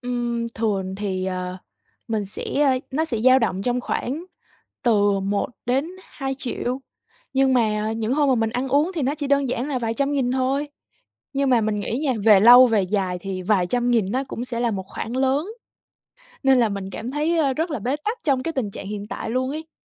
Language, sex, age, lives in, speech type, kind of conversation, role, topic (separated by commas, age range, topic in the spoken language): Vietnamese, female, 20-24, Vietnam, conversation, advice, user, Làm thế nào để tiết kiệm mà vẫn tự thưởng cho mình mà không cảm thấy keo kiệt?